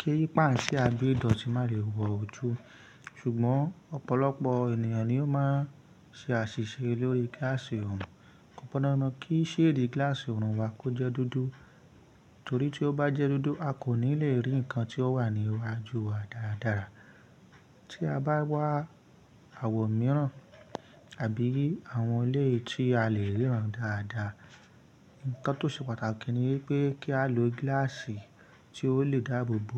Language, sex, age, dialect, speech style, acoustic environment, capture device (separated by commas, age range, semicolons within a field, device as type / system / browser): Yoruba, male, 25-34, Nigeria - Ibadan, happy, people talking; nature, mobile / Linux / Chrome